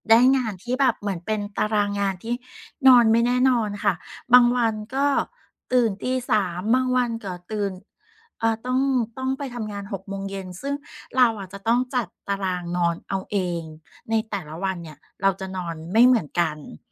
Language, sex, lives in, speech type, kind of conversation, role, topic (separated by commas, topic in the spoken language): Thai, female, Thailand, conversation, advice, user, จะสร้างนิสัยให้ทำต่อเนื่องได้อย่างไรแม้ไม่มีแรงจูงใจและรู้สึกท้อ?